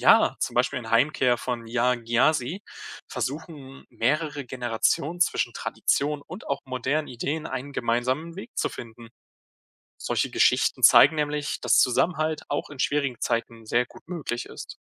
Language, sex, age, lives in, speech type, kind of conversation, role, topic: German, male, 20-24, Germany, read, expert-assistant, expert, Welche Filme oder Bücher helfen mir zu verstehen, wie Menschen in ärmeren Ländern mit wirtschaftlichen Veränderungen umgehen?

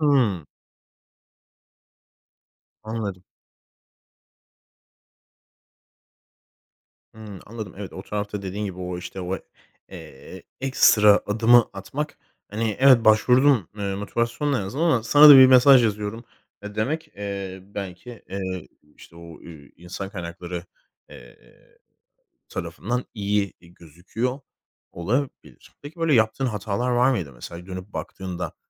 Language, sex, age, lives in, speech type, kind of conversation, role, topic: Turkish, male, 25-29, Spain, conversation, podcast, host, Okulda öğrendiklerini gerçek hayata nasıl uyarlarsın?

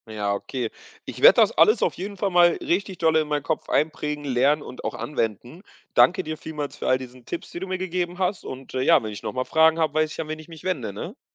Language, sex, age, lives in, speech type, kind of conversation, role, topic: German, male, 20-24, Portugal, read, expert-assistant, asker, Wie kann ich erkennen, ob Informationen über die Funktionsweise unseres Körpers wirklich stimmen?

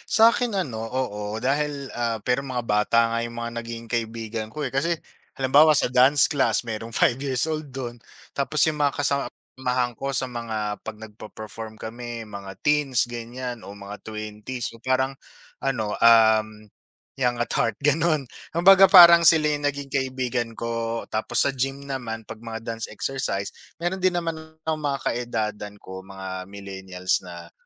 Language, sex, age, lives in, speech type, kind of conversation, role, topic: Filipino, male, 35-39, Philippines, conversation, unstructured, none, Ano ang pinakamasaya mong karanasan habang ginagawa ang hilig mong gawain?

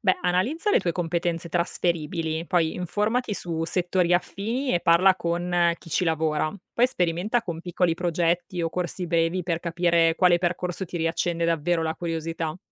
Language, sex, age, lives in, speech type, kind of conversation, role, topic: Italian, female, 35-39, Italy, read, expert-assistant, expert, Cosa significa davvero 'seguire una passione' nella scelta della carriera?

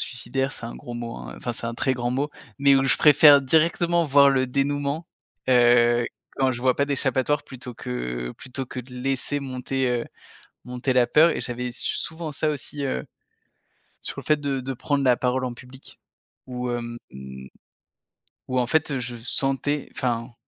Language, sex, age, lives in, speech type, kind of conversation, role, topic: French, male, 30-34, France, conversation, podcast, guest, Comment fais-tu la part des choses entre ton instinct et ta peur ?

